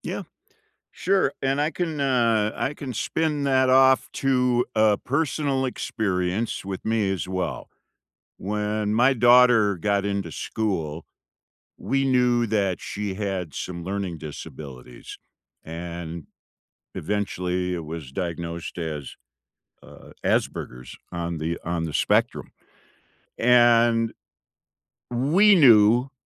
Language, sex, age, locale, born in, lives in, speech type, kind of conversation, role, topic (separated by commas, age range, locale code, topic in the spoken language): English, male, 65-69, en-US, United States, United States, conversation, unstructured, none, What would you include in your dream classroom, and why would those choices matter to you?